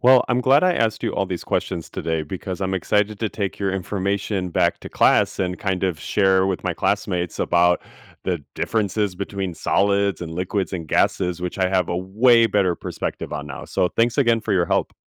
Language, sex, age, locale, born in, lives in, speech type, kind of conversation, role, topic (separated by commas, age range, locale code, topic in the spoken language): English, male, 30-34, en-US, United States, United States, read, expert-assistant, asker, What are the main differences between solids, liquids, and gases?